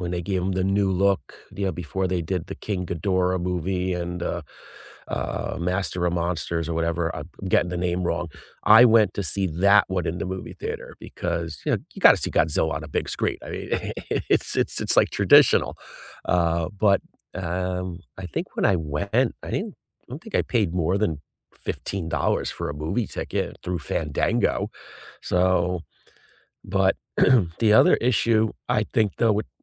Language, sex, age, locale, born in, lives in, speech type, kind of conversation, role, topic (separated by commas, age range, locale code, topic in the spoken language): English, male, 50-54, en-US, United States, United States, conversation, unstructured, none, What was the first movie that made you fall in love with going to the theater, and how does the theater experience compare with watching at home?